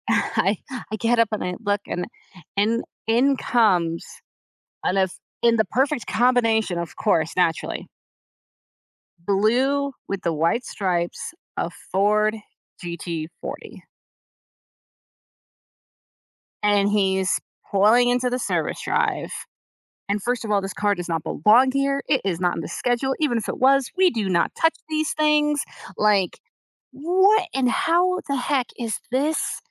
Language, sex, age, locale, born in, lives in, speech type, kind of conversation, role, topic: English, female, 35-39, en-US, United States, United States, conversation, podcast, guest, What kinds of experiences bring you the most genuine happiness?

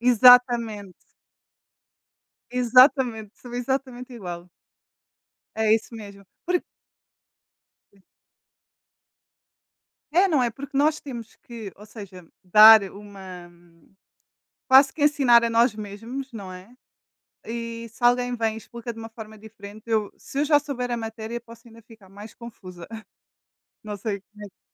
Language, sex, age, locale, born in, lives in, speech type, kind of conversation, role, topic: Portuguese, female, 20-24, pt-PT, Portugal, Portugal, conversation, unstructured, none, O que você mais gosta na escola?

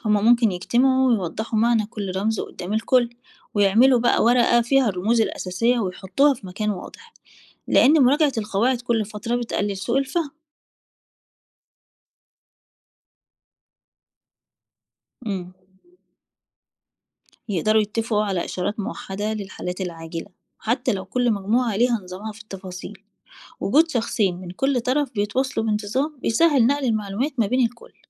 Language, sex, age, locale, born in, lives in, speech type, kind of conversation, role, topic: Arabic, female, 40-44, ar-EG, Egypt, Portugal, read, expert-assistant, expert, تفتكر لو التكنولوجيا الحديثة (زي الإنترنت والموبايلات) اختفت فجأة وقت أزمة، إزاي كانت هتكون إدارة الطوارئ مختلفة؟ الناس هتعتمد على إيه في التواصل والمساعدة؟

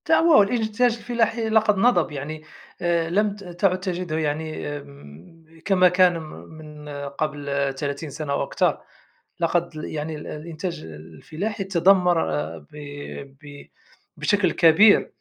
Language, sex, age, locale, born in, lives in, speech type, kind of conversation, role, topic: Arabic, male, 45-49, ar-MA, Morocco, Germany, conversation, unstructured, none, إيه رأيك في حرائق الغابات وتأثيرها على الكوكب؟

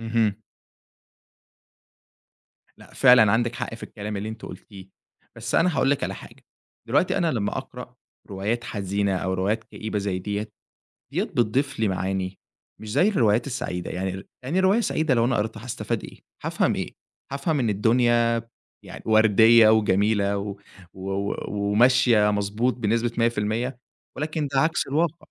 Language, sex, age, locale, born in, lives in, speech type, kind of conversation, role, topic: Arabic, male, 20-24, ar-EG, Egypt, Egypt, conversation, podcast, guest, إيه هي هوايتك المفضلة؟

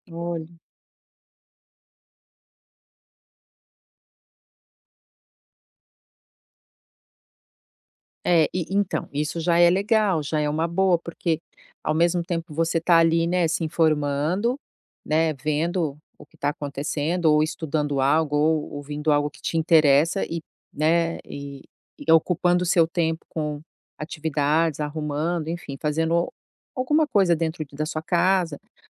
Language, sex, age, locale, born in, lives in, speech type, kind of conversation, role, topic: Portuguese, female, 50-54, pt-BR, Brazil, United States, conversation, advice, advisor, Como posso lidar com as distrações constantes do celular ou das redes sociais?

